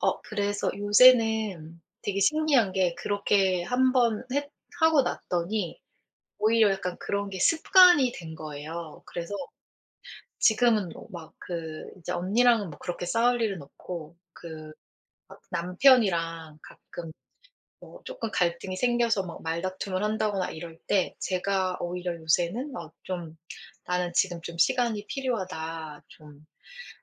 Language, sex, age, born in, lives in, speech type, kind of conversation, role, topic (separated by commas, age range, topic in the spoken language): Korean, female, 40-44, South Korea, United States, conversation, podcast, guest, 갈등이 생기면 보통 대화로 어떻게 풀어 가세요?